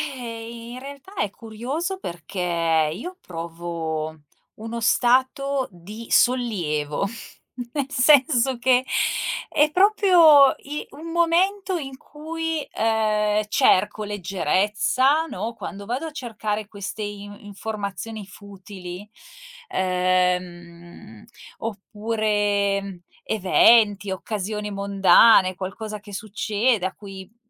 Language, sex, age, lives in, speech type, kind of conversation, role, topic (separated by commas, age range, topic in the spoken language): Italian, female, 45-49, Italy, conversation, advice, user, Come fai a finire per passare ore sui social media e sullo smartphone?